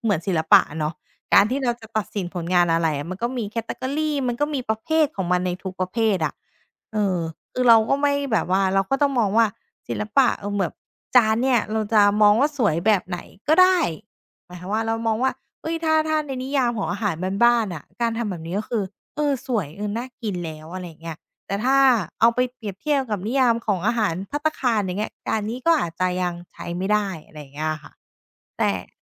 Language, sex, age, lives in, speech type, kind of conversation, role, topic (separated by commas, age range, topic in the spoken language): Thai, female, 30-34, Thailand, conversation, podcast, guest, ทำอาหารแบบไหนที่คุณมองว่าเป็นงานศิลป์?